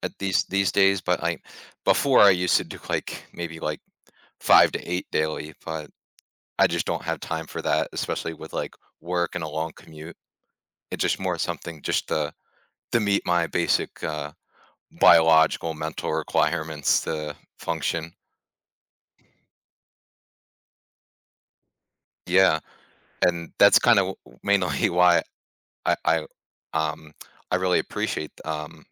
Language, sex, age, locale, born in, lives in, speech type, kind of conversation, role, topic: English, male, 20-24, en-US, United States, United States, conversation, podcast, guest, How can pursuing a hobby shape your personal growth and outlook on life?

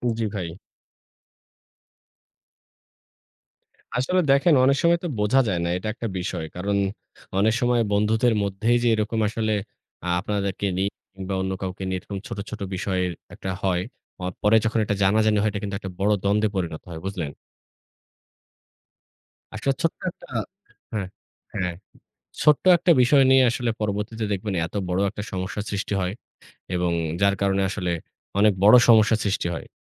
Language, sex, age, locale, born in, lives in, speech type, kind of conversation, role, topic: Bengali, male, 25-29, bn-BD, Bangladesh, Bangladesh, conversation, unstructured, none, আপনি কি কখনো দেখেছেন যে কোনো ছোট মনোমালিন্য বড় দ্বন্দ্বে পরিণত হয়েছে?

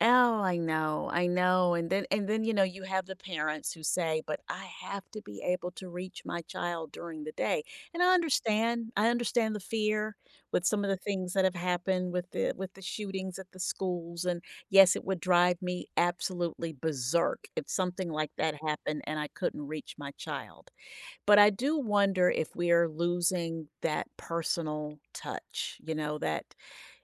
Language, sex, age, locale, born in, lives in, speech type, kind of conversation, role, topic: English, female, 55-59, en-US, United States, United States, conversation, podcast, guest, In what ways has technology shaped the way we connect and interact with others?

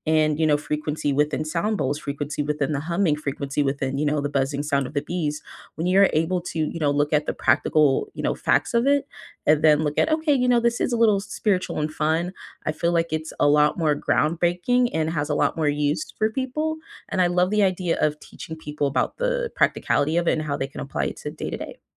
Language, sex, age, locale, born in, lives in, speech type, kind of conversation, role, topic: English, female, 20-24, en-US, United States, United States, conversation, unstructured, none, If time and money were no object, what dream skill would you pursue—and why?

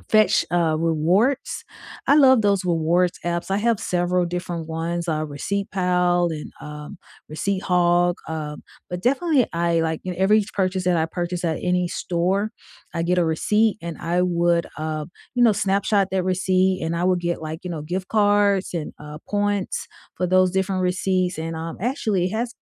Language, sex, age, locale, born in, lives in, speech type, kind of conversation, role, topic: English, female, 45-49, en-US, United States, United States, conversation, unstructured, none, Which underrated app on your phone quietly makes your day better, and why do you love it?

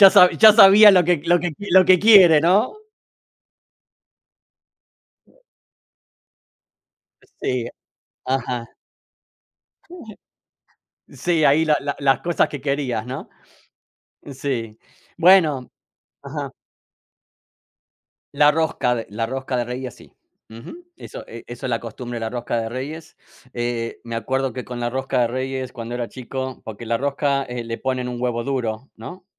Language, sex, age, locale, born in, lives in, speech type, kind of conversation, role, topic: Spanish, male, 45-49, es-AR, Argentina, United States, conversation, unstructured, none, ¿Cómo celebran las festividades religiosas en tu familia?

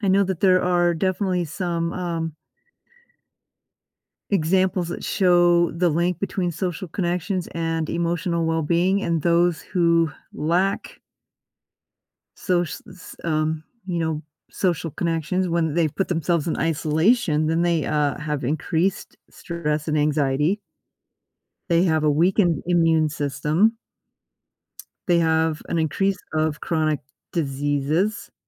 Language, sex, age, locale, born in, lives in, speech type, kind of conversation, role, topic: English, female, 55-59, en-US, United States, United States, conversation, podcast, guest, How do our everyday interactions with others shape our overall happiness and health?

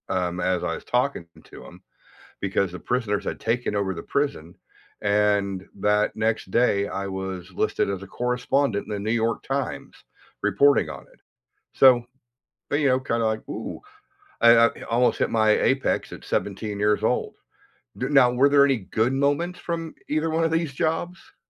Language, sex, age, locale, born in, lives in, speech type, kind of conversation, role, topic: English, male, 55-59, en-US, United States, United States, conversation, unstructured, none, What did your first job teach you, and how does it still shape you?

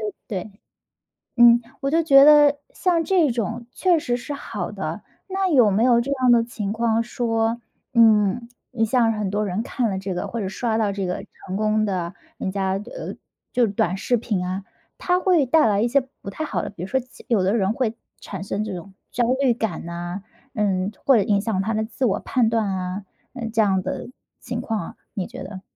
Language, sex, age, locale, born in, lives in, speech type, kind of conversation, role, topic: Chinese, female, 30-34, zh-CN, China, United States, conversation, podcast, host, 社交媒体改变你对成功的看法了吗？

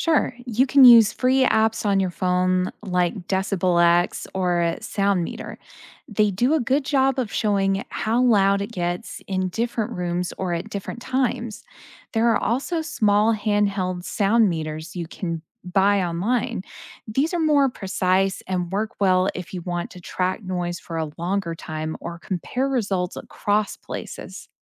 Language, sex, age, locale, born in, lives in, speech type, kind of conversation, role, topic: English, female, 35-39, en-US, United States, United States, read, expert-assistant, expert, What are the effects of noise pollution on health?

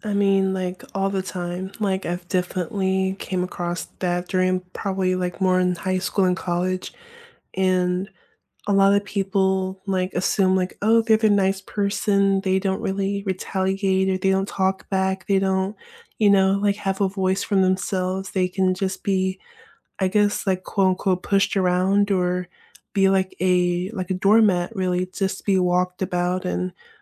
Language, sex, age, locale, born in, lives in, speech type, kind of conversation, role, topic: English, female, 25-29, en-US, United States, United States, conversation, unstructured, none, How can you repair a relationship after a conflict with apologies that truly heal the connection?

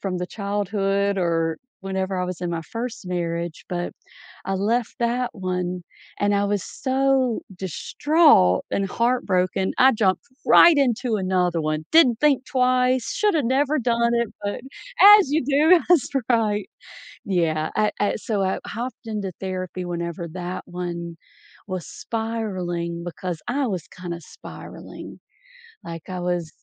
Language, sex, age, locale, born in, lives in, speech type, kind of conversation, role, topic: English, female, 40-44, en-US, United States, United States, conversation, podcast, guest, How do families build strong bonds and express love in everyday life?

